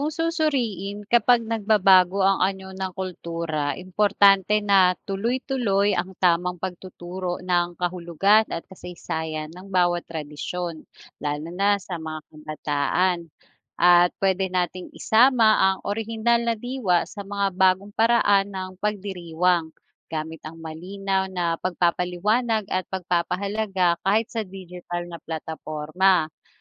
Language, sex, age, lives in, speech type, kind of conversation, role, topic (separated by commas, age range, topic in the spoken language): Filipino, female, 40-44, Philippines, read, expert-assistant, expert, Bakit mahalaga ang pagdiriwang ng mga tradisyon at kultura?